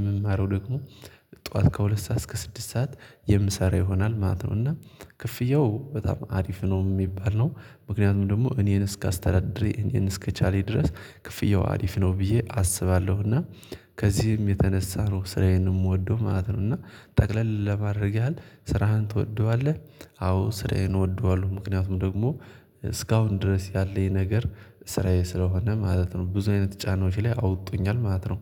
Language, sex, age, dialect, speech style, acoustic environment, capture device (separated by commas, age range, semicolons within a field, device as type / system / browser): Amharic, male, 18-24, Ethiopia - Gojjam, focused, silence, mobile / Linux / Chrome